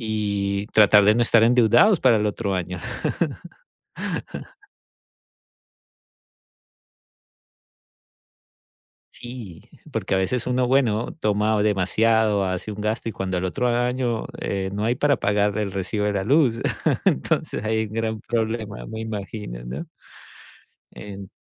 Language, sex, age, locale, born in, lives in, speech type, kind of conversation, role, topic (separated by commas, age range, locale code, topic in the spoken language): Spanish, male, 40-44, es-CO, Colombia, United States, conversation, unstructured, none, ¿Tienes alguna tradición familiar que te guste mucho?